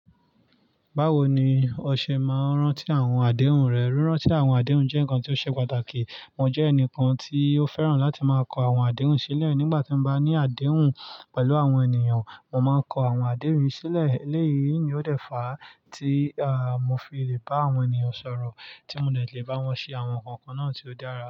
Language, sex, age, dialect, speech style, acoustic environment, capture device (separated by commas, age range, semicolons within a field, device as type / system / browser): Yoruba, male, 25-34, Nigeria - Nigerian Standard, focused, nature, mobile / Linux / Chrome